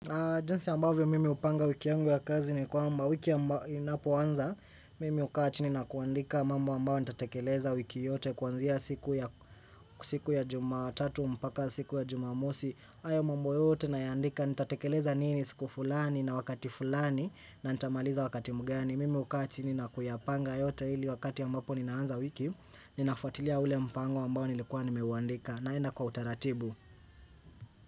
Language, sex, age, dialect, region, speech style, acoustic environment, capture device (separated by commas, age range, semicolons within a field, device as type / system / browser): Swahili, male, 18-24, Kenya - Nairobi Sheng-influenced, Kenya, focused, silence, desktop / Windows / Chrome